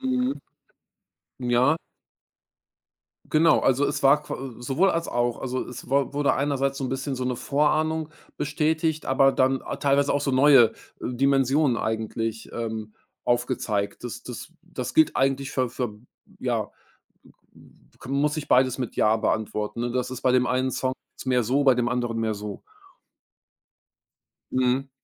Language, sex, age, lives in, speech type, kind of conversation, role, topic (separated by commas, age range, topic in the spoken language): German, male, 45-49, Germany, conversation, podcast, guest, Welche Rolle spielt die Sprache bei deiner Musikauswahl?